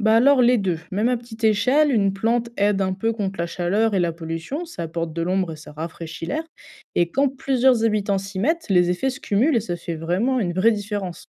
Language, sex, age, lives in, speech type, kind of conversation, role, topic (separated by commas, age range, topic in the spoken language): French, female, 20-24, France, read, expert-assistant, expert, Comment mélanger nature et ville pour rendre le quotidien plus agréable ?